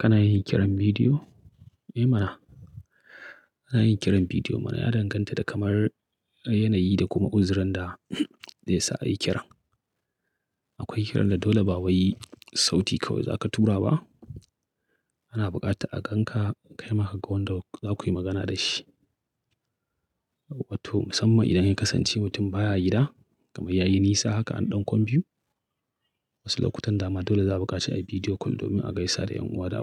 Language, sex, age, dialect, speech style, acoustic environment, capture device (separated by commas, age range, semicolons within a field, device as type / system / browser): Hausa, male, 35-44, Nigeria - Kano, relaxed, nature, mobile / Linux / Chrome